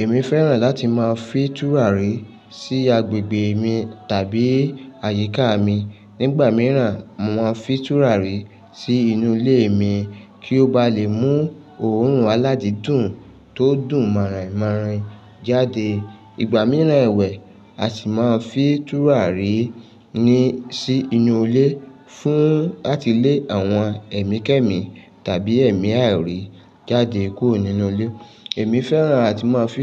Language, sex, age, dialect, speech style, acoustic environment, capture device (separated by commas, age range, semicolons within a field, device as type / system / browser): Yoruba, male, 35-44, Nigeria - Lagos Yoruba, focused, nature; silence, mobile / Linux / Chrome